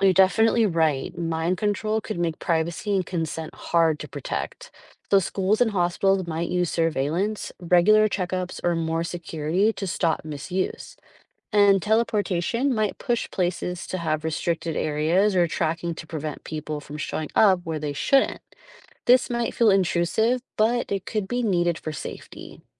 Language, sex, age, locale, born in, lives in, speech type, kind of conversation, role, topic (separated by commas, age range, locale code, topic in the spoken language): English, female, 35-39, en-US, United States, United States, read, expert-assistant, expert, What would happen if everyone chose a superpower?